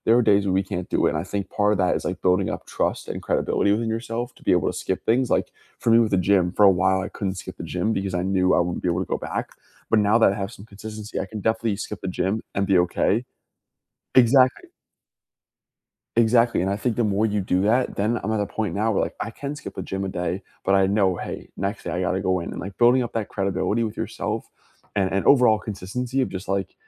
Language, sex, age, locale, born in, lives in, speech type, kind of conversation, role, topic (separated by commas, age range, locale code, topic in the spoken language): English, male, 20-24, en-US, United States, United States, conversation, unstructured, none, How do you usually stay motivated toward your goals?